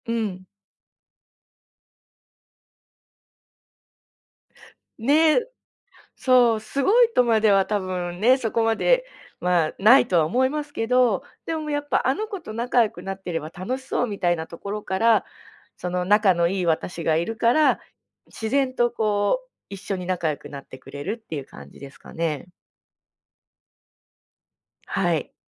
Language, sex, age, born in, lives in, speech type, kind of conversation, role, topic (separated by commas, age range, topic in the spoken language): Japanese, female, 35-39, Japan, Japan, conversation, podcast, guest, 家族や友だちの影響を受けたと感じることはありますか？